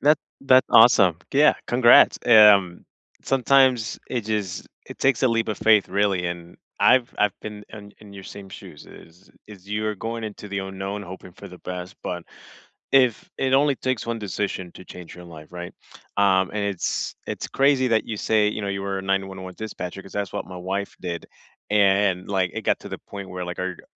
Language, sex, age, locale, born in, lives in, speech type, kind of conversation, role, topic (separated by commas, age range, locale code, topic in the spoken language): English, male, 25-29, en-US, United States, United States, conversation, unstructured, none, What is a small risk you took that paid off?